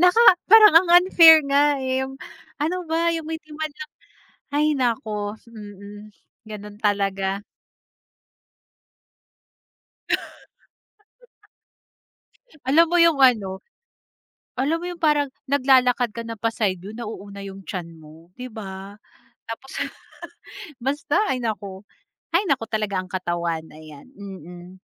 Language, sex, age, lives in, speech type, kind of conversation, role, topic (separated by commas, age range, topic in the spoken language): Filipino, female, 30-34, Philippines, conversation, podcast, guest, May hinahangaan ka bang idolo sa pananamit sa mundo ng aliwan?